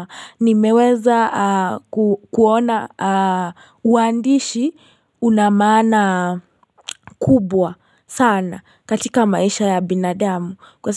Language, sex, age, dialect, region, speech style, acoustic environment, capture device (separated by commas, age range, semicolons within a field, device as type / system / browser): Swahili, female, 18-24, Kenya - Nairobi Sheng-influenced, Kenya, happy; relaxed; excited; focused, silence, mobile / Linux / Chrome